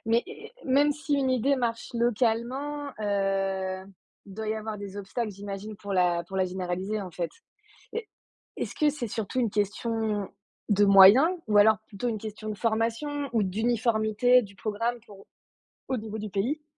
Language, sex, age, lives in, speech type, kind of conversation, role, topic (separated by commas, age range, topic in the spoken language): French, female, 30-34, France, read, expert-assistant, asker, Comment peut-on apprendre pourquoi certaines matières sont obligatoires à l’école et d’autres non ?